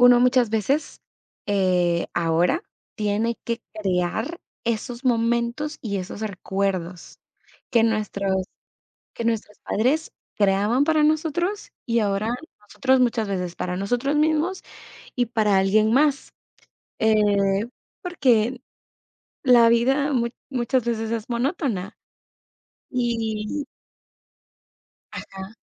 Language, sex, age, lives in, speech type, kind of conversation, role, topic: Spanish, female, 18-19, United States, conversation, unstructured, none, ¿Tienes algún recuerdo que te haga sentir nostalgia sin tristeza?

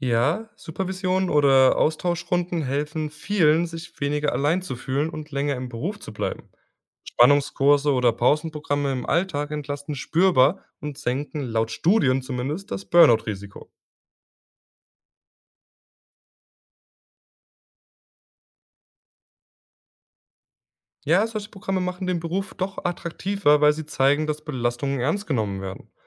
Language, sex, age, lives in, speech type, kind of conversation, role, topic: German, male, 20-24, Germany, read, expert-assistant, expert, Was motiviert Pflegekräfte, trotz der Belastungen im Beruf zu bleiben?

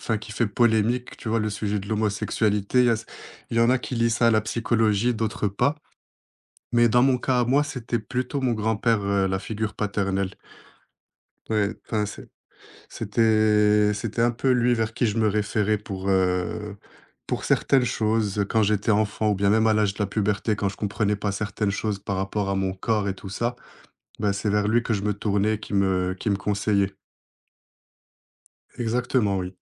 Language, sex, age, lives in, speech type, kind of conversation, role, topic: French, male, 25-29, France, conversation, podcast, guest, Comment savoir quand il est préférable de lâcher prise plutôt que de forcer la réparation ?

